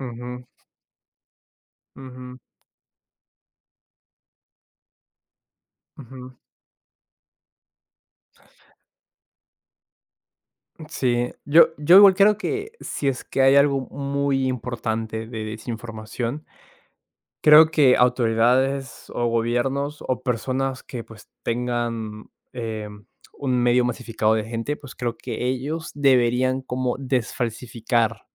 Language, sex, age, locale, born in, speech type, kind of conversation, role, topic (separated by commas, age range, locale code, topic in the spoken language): Spanish, male, 20-24, es-PE, Peru, conversation, unstructured, none, ¿Cómo crees que la desinformación afecta a nuestra sociedad?